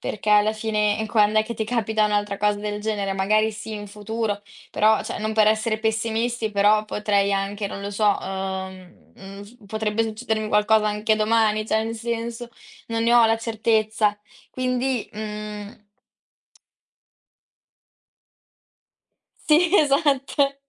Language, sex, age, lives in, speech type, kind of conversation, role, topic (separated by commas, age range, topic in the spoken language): Italian, female, 18-19, Italy, conversation, podcast, guest, Come scegli tra stabilità e avventura nella vita?